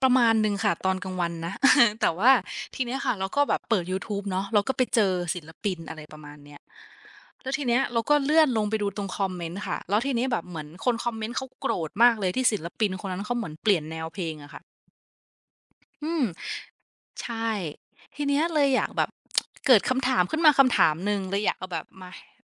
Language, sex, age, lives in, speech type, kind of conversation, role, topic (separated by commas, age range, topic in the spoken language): Thai, female, 25-29, Thailand, conversation, unstructured, none, ทำไมบางคนถึงโกรธเมื่อศิลปินเปลี่ยนแนวเพลง?